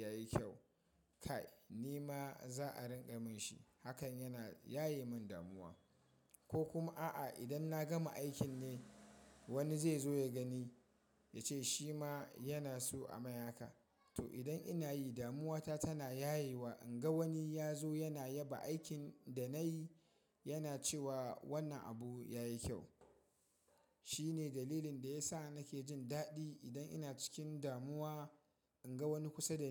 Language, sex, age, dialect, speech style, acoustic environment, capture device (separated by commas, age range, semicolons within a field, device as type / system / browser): Hausa, male, 25-34, Nigeria - Kano, excited; happy, people talking; nature, mobile / Linux / Chrome